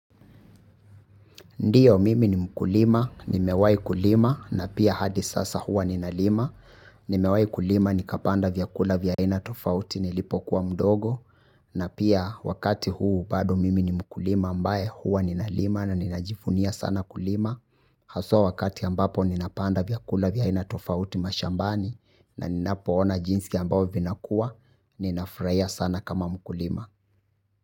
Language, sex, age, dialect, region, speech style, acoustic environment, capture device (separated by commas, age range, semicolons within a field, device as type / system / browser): Swahili, male, 25-34, Kenya - Nairobi Sheng-influenced, Kenya, happy, people talking, mobile / Linux / Chrome